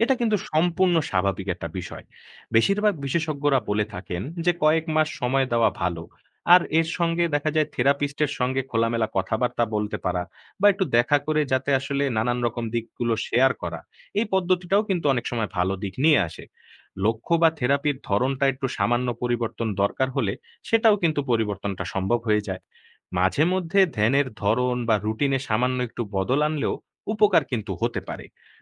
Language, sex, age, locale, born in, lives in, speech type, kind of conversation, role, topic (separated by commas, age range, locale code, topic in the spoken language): Bengali, male, 20-24, bn-BD, Bangladesh, Bangladesh, read, expert-assistant, expert, ধ্যান না থেরাপি—উদ্বেগ কমাতে কোনটি বেশি কার্যকর?